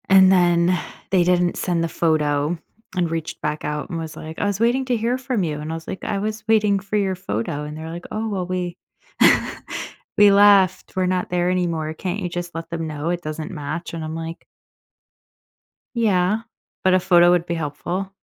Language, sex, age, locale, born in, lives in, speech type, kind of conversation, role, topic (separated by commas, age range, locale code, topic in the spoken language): English, female, 30-34, en-US, United States, United States, conversation, advice, user, How can I set better boundaries?